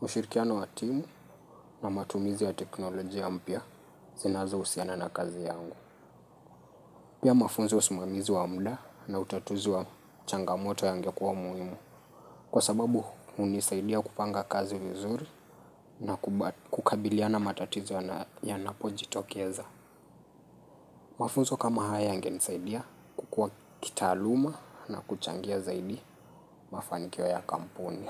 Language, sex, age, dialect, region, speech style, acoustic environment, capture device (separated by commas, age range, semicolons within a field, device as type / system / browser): Swahili, male, 25-34, Kenya - Nairobi Sheng-influenced, Kenya, relaxed, nature, mobile / Linux / Chrome